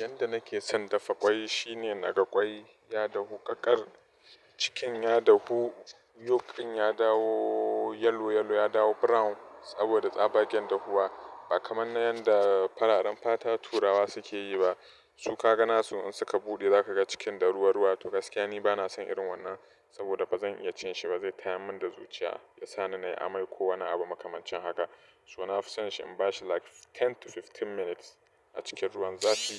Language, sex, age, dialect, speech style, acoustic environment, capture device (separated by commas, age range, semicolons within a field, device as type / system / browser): Hausa, male, 25-34, Nigeria - Nigerian Standard, happy; relaxed, construction, mobile / Linux / Chrome